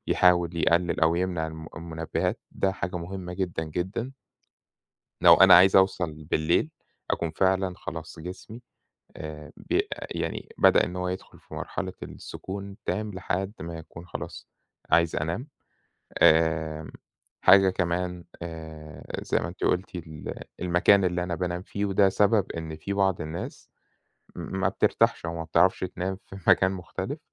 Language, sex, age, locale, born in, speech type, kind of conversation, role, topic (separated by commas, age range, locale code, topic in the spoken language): Arabic, male, 30-34, ar-EG, Egypt, conversation, unstructured, none, إزاي عادات نومك بتأثر على يومك؟